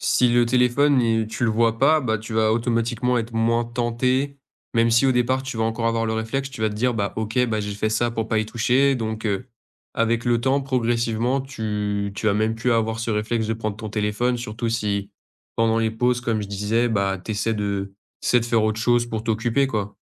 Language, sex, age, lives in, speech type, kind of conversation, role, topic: French, male, 20-24, France, conversation, advice, advisor, Comment les distractions numériques ruinent-elles votre productivité ?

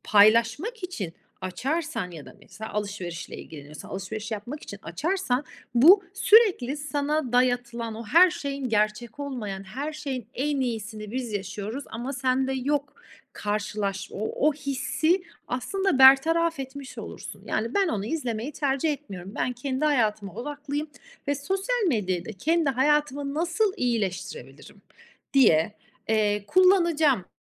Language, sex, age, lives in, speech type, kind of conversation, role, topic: Turkish, female, 35-39, Italy, conversation, advice, advisor, Sosyal medyada kendini başkalarıyla kıyasladığında hayatın sana anlamsız geliyor mu?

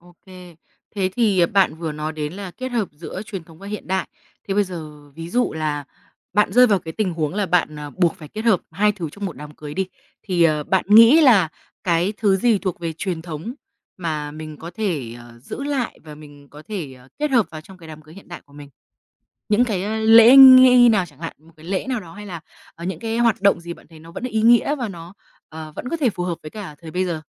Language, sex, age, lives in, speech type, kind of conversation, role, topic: Vietnamese, female, 25-29, Vietnam, conversation, podcast, host, Bạn từng cảm thấy xung đột giữa truyền thống và hiện đại không?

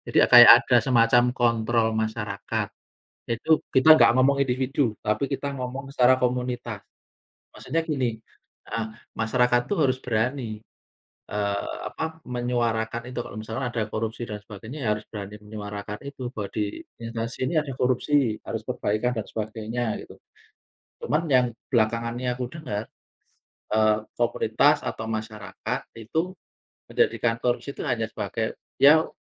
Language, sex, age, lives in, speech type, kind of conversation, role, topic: Indonesian, male, 40-44, Indonesia, conversation, unstructured, none, Bagaimana perasaanmu saat melihat kasus korupsi yang terus muncul di berita?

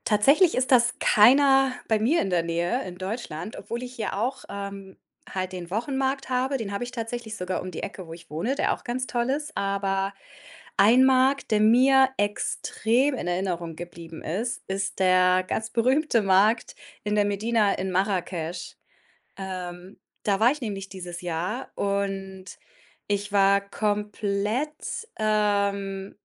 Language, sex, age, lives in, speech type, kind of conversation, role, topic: German, female, 30-34, Germany, conversation, podcast, guest, Welchen unvergesslichen lokalen Markt hast du besucht?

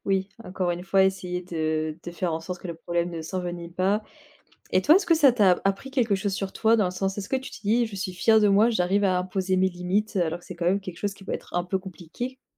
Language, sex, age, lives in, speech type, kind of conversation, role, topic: French, female, 25-29, France, conversation, podcast, host, Comment poses-tu des limites avec tes proches ?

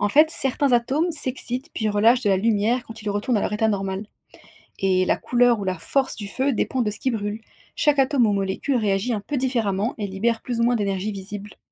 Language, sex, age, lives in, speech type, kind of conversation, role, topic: French, female, 25-29, France, read, expert-assistant, expert, Qu’est-ce qui rend le feu chaud et lumineux ?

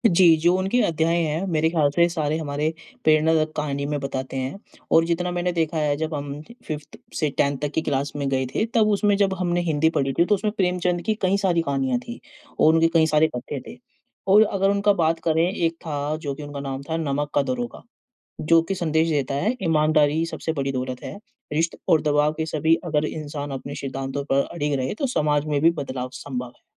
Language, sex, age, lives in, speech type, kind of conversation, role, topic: Hindi, male, 20-24, India, conversation, unstructured, none, किताबें पढ़ना और फिल्में देखना—इन दोनों में से आप क्या चुनेंगे?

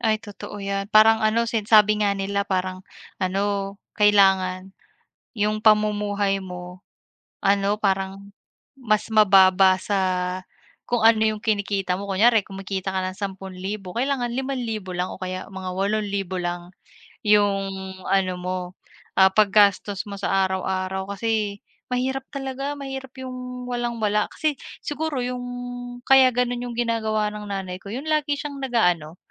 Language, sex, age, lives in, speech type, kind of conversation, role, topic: Filipino, female, 30-34, Philippines, conversation, unstructured, none, Ano ang natutuhan mo tungkol sa pera mula sa pamilya mo?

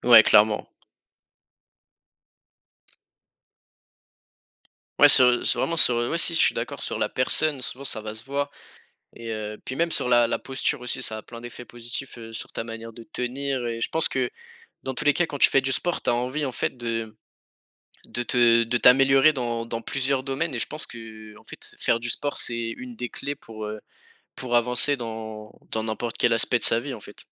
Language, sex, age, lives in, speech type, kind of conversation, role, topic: French, male, 18-19, France, conversation, unstructured, none, Quels effets as-tu remarqués en faisant du sport régulièrement ?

